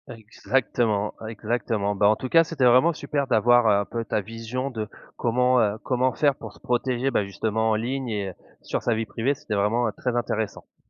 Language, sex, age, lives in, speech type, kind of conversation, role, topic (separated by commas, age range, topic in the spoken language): French, male, 35-39, France, conversation, podcast, host, Comment protèges-tu ta vie privée en ligne ?